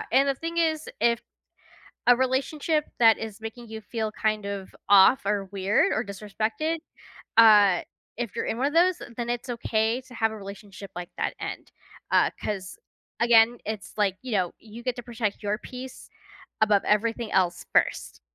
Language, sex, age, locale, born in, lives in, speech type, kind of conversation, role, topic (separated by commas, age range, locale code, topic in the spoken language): English, female, 30-34, en-US, United States, United States, conversation, advice, advisor, How can I address ongoing dishonesty from my partner?